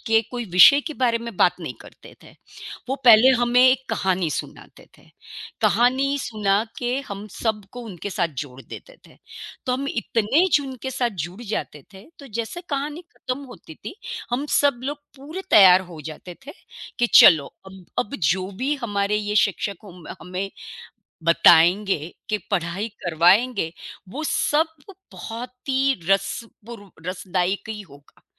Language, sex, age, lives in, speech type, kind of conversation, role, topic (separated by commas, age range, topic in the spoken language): Hindi, female, 55-59, United States, conversation, unstructured, none, क्या आपको कभी किसी शिक्षक से प्रेरणा मिली है, और कैसे?